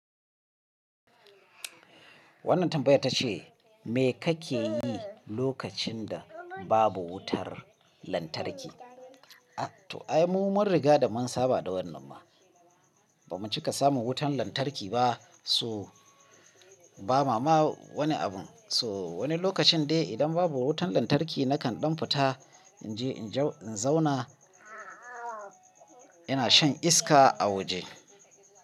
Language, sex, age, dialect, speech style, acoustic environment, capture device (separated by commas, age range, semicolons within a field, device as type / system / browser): Hausa, male, 35-44, Nigeria - Kano, happy, people talking, mobile / Linux / Chrome